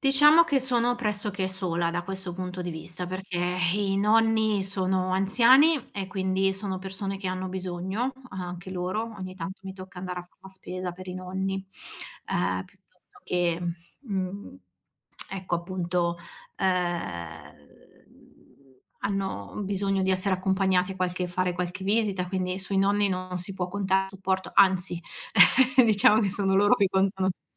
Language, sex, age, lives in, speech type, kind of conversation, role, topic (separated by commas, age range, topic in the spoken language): Italian, female, 40-44, Italy, conversation, advice, user, Come posso gestire il sovraccarico di impegni quotidiani e trovare finalmente del tempo libero?